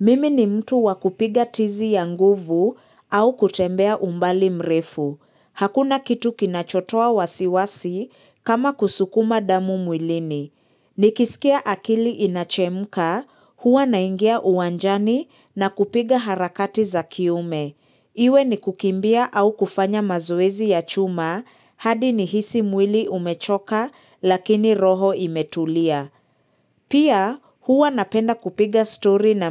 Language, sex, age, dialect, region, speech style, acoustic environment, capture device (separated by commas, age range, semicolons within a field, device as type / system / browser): Swahili, female, 25-34, Kenya - Nairobi Sheng-influenced, Kenya, excited, silence, mobile / Linux / Chrome